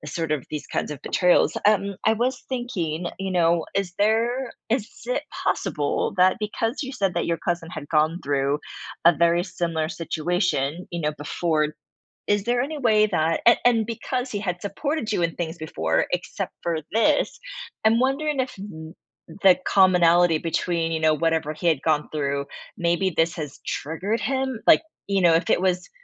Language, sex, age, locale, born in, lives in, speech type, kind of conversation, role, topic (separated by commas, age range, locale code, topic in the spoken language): English, female, 40-44, en-US, United States, United States, conversation, advice, advisor, How do I confront a friend who betrayed my trust and decide what to do next?